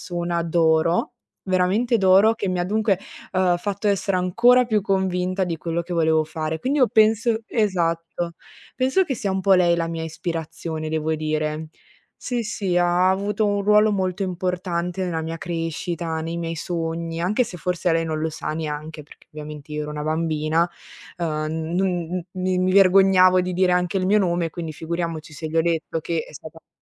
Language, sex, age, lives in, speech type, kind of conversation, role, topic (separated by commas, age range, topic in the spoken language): Italian, female, 20-24, Italy, conversation, unstructured, none, Come ti immagini la tua carriera tra cinque anni?